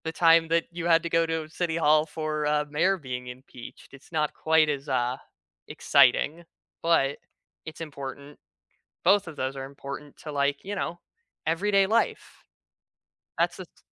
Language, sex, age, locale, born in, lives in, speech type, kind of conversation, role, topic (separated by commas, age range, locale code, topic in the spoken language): English, male, 20-24, en-US, United States, United States, conversation, unstructured, none, What are your go-to ways to stay informed and engaged with your local government?